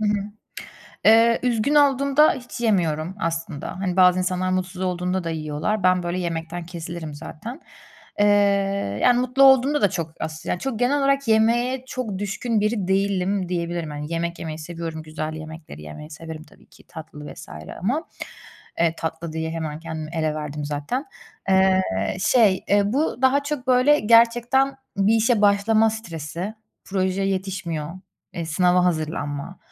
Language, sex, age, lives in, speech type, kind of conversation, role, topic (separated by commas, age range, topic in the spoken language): Turkish, female, 30-34, Germany, conversation, advice, user, Stresle başa çıkmak için yemek yemeye ya da bir şeyler içmeye yönelme alışkanlığınız hakkında neler anlatabilirsiniz?